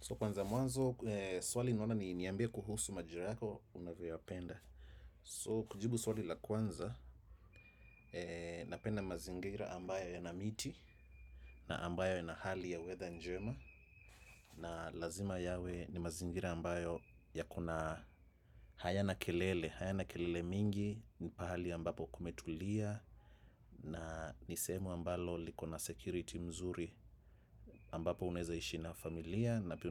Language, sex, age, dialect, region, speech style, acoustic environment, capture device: Swahili, male, 35-44, Kenya - Nairobi Sheng-influenced, Kenya, relaxed, people talking, desktop / macOS / Chrome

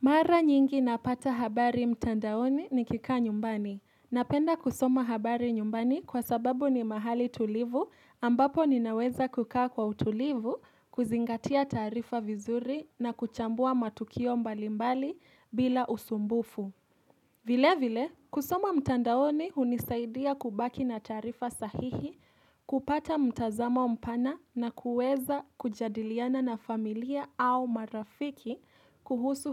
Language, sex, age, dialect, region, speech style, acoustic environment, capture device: Swahili, female, 18-24, Kenya - Nairobi Sheng-influenced, Kenya, happy; relaxed; excited, appliances, mobile / Linux / Chrome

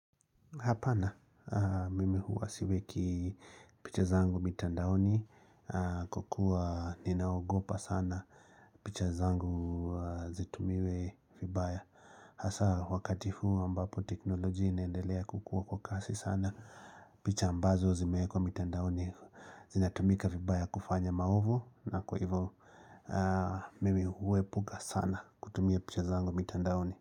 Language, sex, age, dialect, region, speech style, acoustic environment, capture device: Swahili, male, 35-44, Kenya - Nairobi Sheng-influenced, Kenya, relaxed, silence, mobile / Linux / Chrome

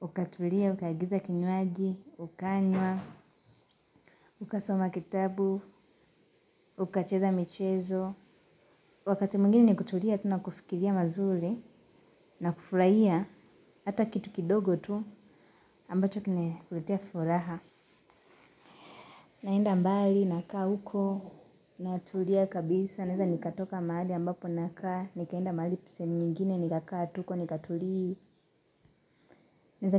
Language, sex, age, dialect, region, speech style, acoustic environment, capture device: Swahili, female, 18-24, Kenya - Nairobi Sheng-influenced, Kenya, happy; relaxed; excited; focused, nature, mobile / Linux / Chrome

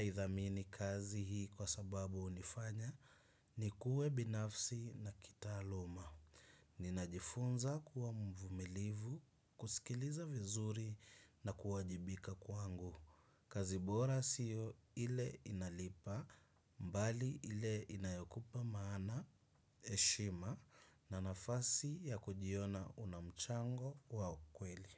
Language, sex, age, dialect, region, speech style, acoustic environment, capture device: Swahili, male, 25-34, Kenya - Mombasa, Kenya, focused; relaxed, nature, desktop / Windows / Chrome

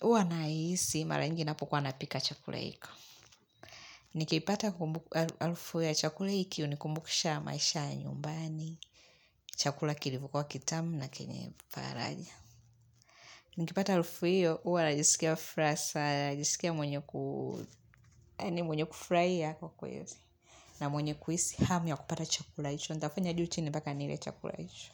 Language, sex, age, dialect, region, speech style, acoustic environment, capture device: Swahili, female, 25-34, Tanzania - Dar es Salaam, Tanzania, relaxed; focused, music; people talking; nature; silence, mobile / Linux / Chrome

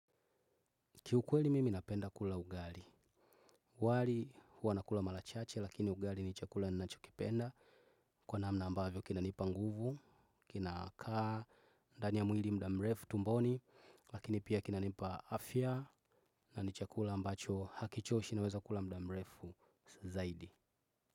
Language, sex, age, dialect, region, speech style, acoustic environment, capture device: Swahili, male, 25-34, Tanzania - Dar es Salaam, Tanzania, focused, people talking, desktop / Windows / Chrome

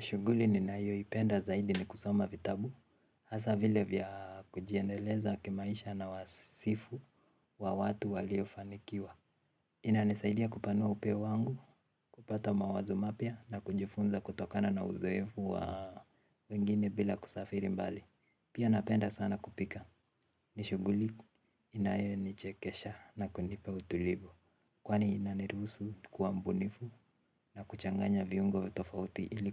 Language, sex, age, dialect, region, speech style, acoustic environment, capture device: Swahili, male, 18-24, Kenya - Nairobi Sheng-influenced, Kenya, relaxed; happy; focused, silence, mobile / Linux / Chrome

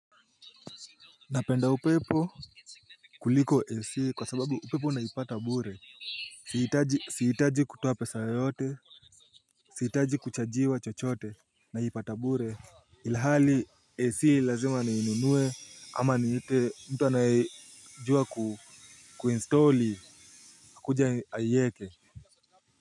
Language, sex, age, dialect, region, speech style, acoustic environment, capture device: Swahili, male, 18-24, Kenya - Nairobi Sheng-influenced, Kenya, nervous, music, mobile / Linux / Chrome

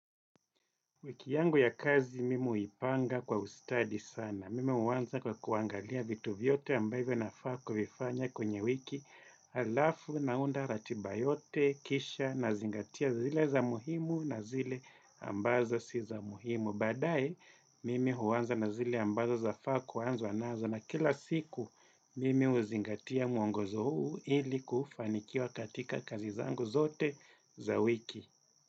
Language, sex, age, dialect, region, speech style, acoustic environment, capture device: Swahili, male, 35-44, Kenya - Mombasa, Kenya, relaxed; focused; happy, nature; silence, desktop / Windows / Edge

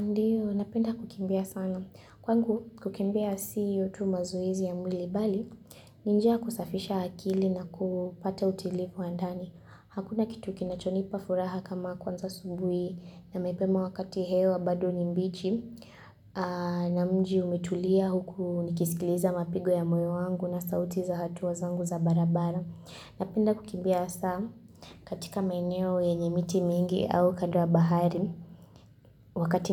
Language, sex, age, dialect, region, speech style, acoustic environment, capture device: Swahili, female, 18-24, Kenya - Kitui, Kenya, focused, silence, mobile / Linux / Chrome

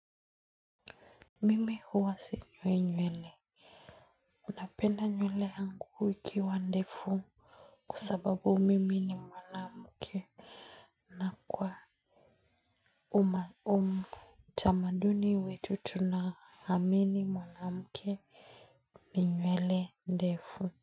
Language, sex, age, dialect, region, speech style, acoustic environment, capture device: Swahili, female, 25-34, Kenya - Nairobi Sheng-influenced, Kenya, happy, silence, mobile / Linux / Chrome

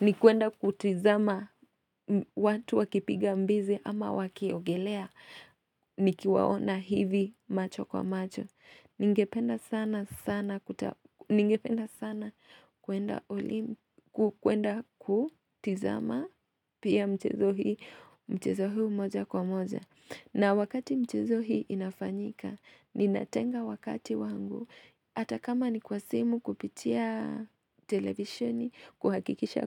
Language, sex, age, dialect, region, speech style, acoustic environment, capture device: Swahili, female, 25-34, Kenya - Nairobi Sheng-influenced, Kenya, relaxed, nature, mobile / Linux / Chrome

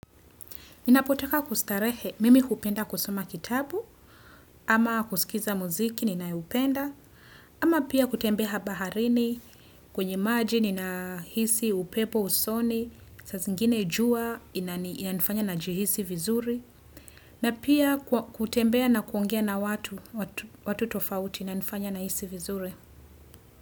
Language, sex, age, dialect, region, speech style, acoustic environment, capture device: Swahili, female, 25-34, Kenya - Nairobi Sheng-influenced, Kenya, happy, silence, desktop / Windows / Chrome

